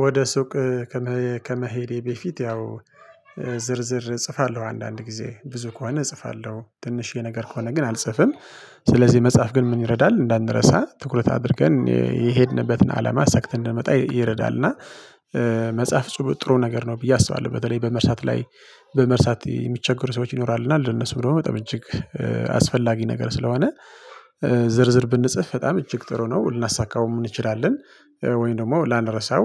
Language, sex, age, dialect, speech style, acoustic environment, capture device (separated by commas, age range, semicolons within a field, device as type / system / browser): Amharic, male, 25-34, Ethiopia - Tigray, relaxed, people talking, mobile / Linux / Chrome